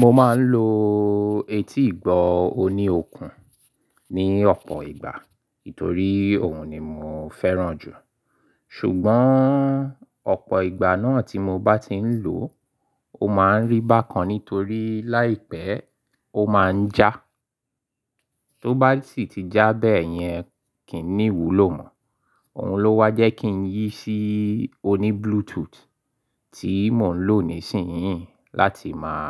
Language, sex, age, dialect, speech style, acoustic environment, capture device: Yoruba, male, 35-44, Nigeria - Lagos Yoruba, happy; excited; focused, construction; silence; appliances, mobile / Linux / Chrome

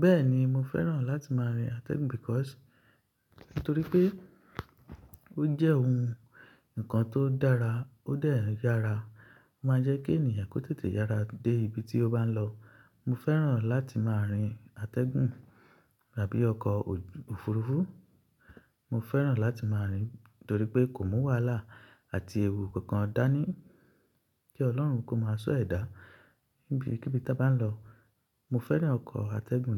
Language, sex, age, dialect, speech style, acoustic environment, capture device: Yoruba, male, 25-34, Nigeria - Ibadan, excited; relaxed; focused, silence, mobile / Linux / Chrome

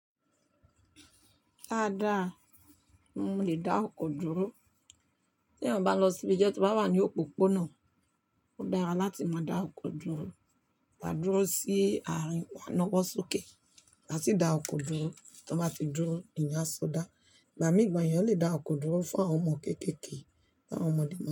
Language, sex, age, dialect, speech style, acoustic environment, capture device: Yoruba, female, 45-54, Nigeria - Lagos Yoruba, relaxed, nature, mobile / Linux / Chrome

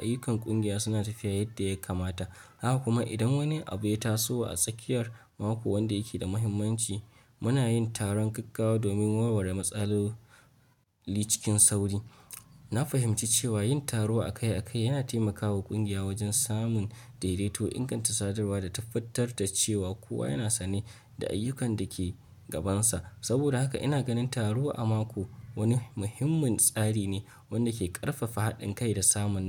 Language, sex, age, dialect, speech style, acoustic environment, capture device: Hausa, male, 25-34, Nigeria - Nigerian Standard, excited; focused, nature; appliances, mobile / Linux / Chrome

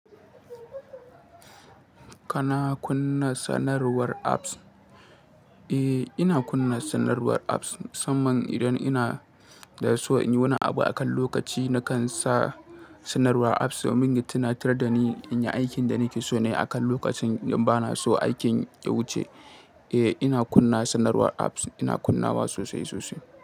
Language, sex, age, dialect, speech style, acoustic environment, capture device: Hausa, male, 18-24, Nigeria - Katsina, happy, people talking, mobile / Linux / Chrome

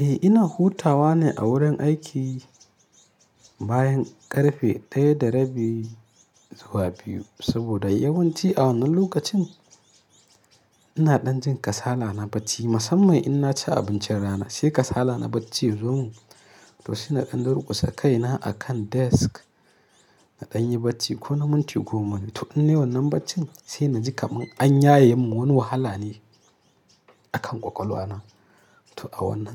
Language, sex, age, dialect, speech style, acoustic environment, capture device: Hausa, male, 25-34, Nigeria - Kano, happy, nature, mobile / Linux / Chrome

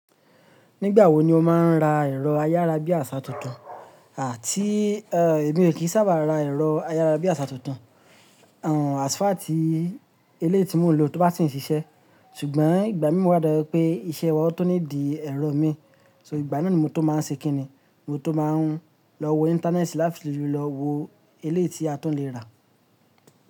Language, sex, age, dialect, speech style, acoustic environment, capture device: Yoruba, male, 25-34, Nigeria - Lagos Yoruba, happy; relaxed; focused; excited, silence; nature, mobile / Linux / Chrome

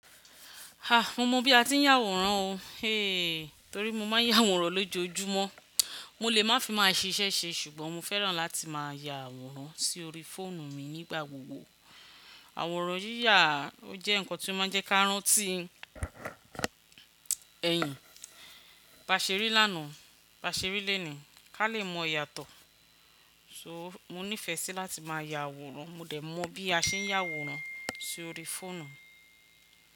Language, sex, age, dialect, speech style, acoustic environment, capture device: Yoruba, female, 45-54, Nigeria - Ibadan, focused, silence, desktop / Windows / Chrome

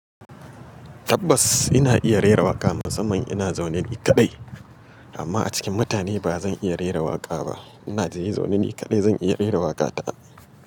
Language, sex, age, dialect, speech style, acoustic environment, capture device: Hausa, male, 35-44, Nigeria - Kano, relaxed, silence, mobile / Linux / Chrome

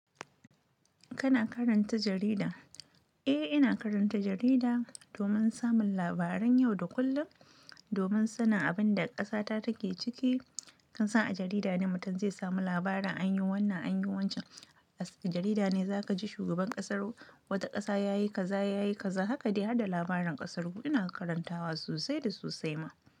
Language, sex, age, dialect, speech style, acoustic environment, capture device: Hausa, female, 25-34, Nigeria - Nigerian Standard, happy, silence, mobile / Linux / Chrome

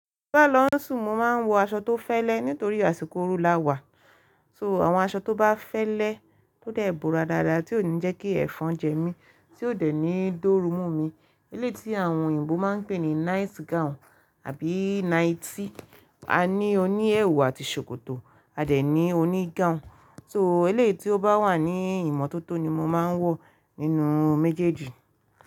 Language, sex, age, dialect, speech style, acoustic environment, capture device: Yoruba, female, 25-34, Nigeria - Ibadan, relaxed, silence, mobile / Linux / Chrome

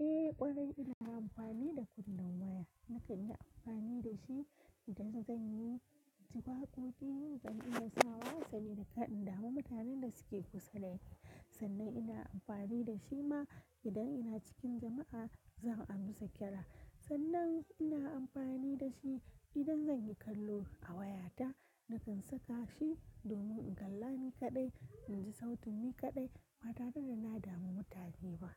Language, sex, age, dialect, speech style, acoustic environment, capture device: Hausa, female, 25-34, Nigeria - Kano, relaxed, nature, mobile / Linux / Chrome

